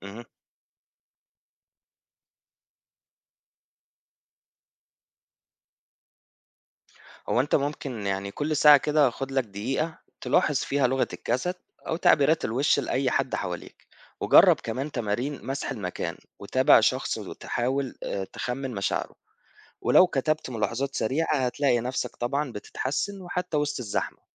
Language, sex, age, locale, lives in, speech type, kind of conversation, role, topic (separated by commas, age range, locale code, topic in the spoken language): Arabic, male, 25-29, ar-EG, Egypt, read, expert-assistant, expert, إزاي أطور مهاراتي في التعامل مع المرضى بشكل يخليهم يحسوا بالأمان والراحة؟